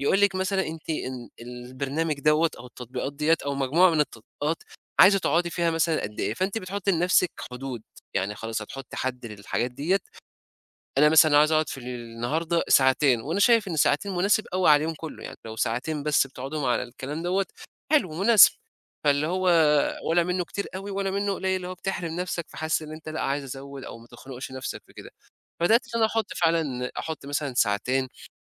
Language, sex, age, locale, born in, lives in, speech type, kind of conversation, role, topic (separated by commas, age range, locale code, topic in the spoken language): Arabic, male, 20-24, ar-EG, Egypt, Egypt, conversation, podcast, guest, بتعمل إيه لما الإشعارات بتشتتك طول الوقت؟